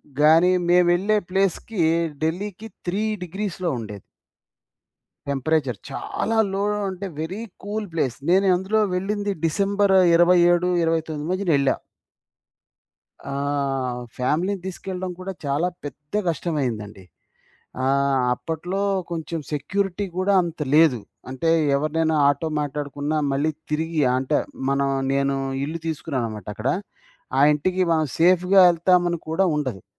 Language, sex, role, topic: Telugu, male, guest, మీ ప్రత్యేక శైలి ఎలా రూపుదిద్దుకుంది?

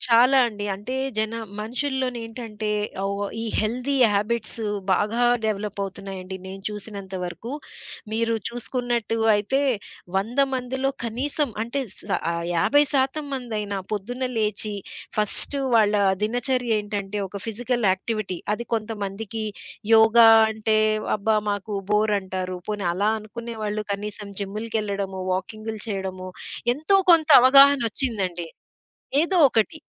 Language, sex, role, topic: Telugu, female, guest, ఉదయం నిద్రలేచిన వెంటనే మీరు మొదట చేసే అలవాటు ఏమిటి?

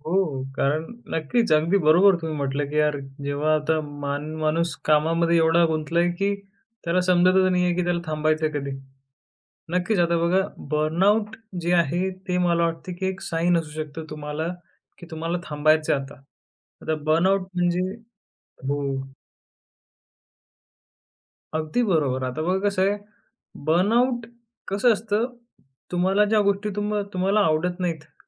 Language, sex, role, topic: Marathi, male, guest, मानसिक थकवा आणि कामाचा ताण असह्य झाला आहे असे जाणवल्यावर तुम्ही सर्वप्रथम काय कराल?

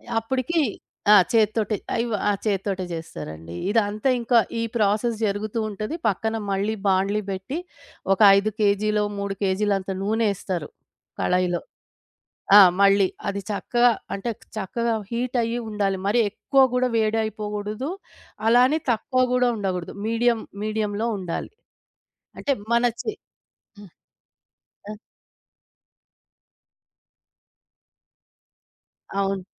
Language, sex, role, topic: Telugu, female, guest, మీ కుటుంబంలో పండుగలకే ప్రత్యేకంగా చేసే వంటకం ఏది?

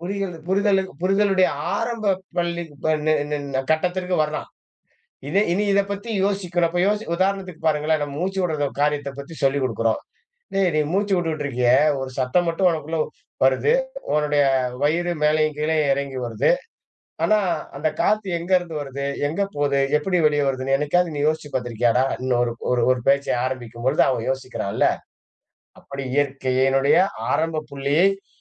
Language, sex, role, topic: Tamil, male, guest, இயற்கையைப் போற்றும் பழக்கங்களை குழந்தைகளிடம் எப்படி ஆர்வமூட்டுவீர்கள்?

